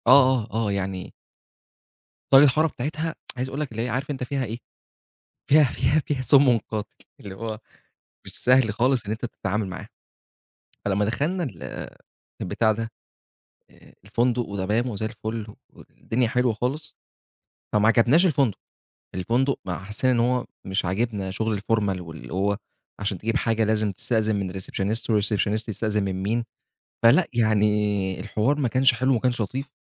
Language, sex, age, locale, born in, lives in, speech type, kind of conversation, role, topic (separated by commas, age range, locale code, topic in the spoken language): Arabic, male, 25-29, ar-EG, Egypt, Egypt, conversation, podcast, guest, احكيلي عن أغرب ضيافة قدمهالك حد غريب قابلته؟